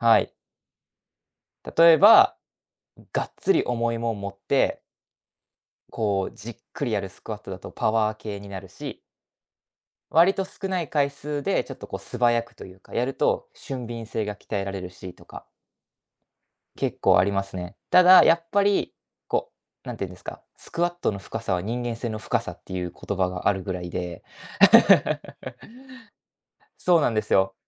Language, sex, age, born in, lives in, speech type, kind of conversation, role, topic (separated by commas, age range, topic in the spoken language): Japanese, male, 20-24, Japan, Japan, conversation, podcast, guest, 軽い運動で楽になるためには、どんな方法がいいですか？